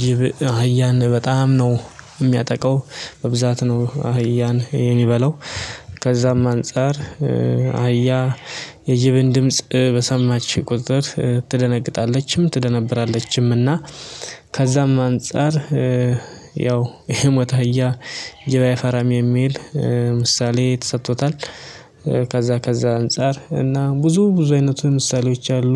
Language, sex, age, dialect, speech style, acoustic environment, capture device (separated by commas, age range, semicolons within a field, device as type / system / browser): Amharic, male, 25-34, Ethiopia - Addis Ababa, happy; excited, silence; nature, mobile / Linux / Chrome